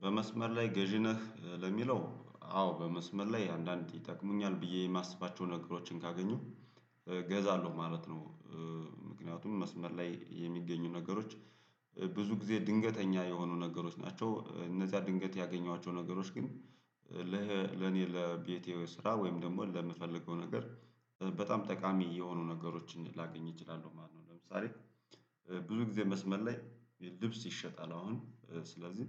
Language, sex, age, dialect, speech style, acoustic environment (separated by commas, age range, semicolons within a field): Amharic, male, 35-44, Ethiopia - Gojjam, happy, nature